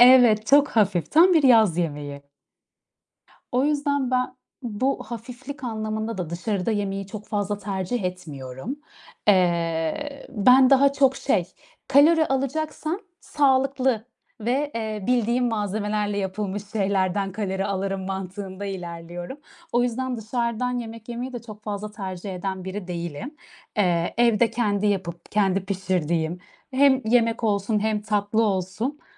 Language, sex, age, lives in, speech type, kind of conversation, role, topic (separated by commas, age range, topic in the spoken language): Turkish, female, 30-34, Netherlands, conversation, unstructured, none, En sevdiğin yemek hangisi ve onu neden seviyorsun?